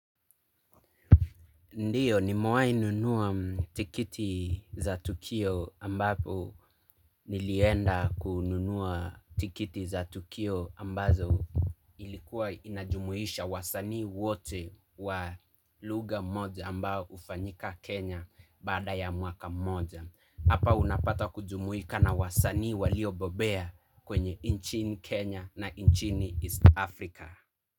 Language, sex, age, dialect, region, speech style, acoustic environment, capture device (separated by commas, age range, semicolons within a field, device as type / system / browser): Swahili, male, 25-34, Kenya - Nairobi Sheng-influenced, Kenya, relaxed, silence, mobile / Linux / Chrome